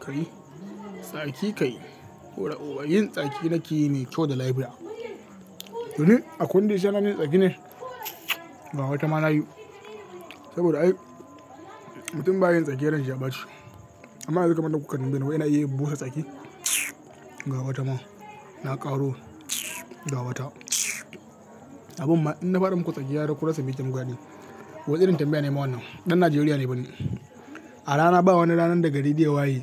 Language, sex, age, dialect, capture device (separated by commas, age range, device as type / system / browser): Hausa, male, 18-24, Nigeria - Katsina, mobile / Linux / Chrome